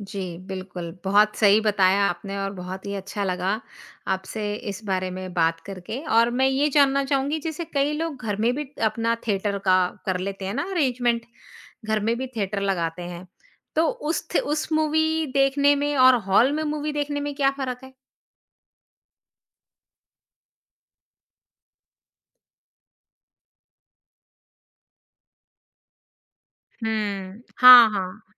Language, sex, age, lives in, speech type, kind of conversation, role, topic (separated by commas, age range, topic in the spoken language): Hindi, female, 45-49, India, conversation, podcast, host, Kya tumhe apna pehla cinema hall ka experience yaad hai, aur woh kaisa tha?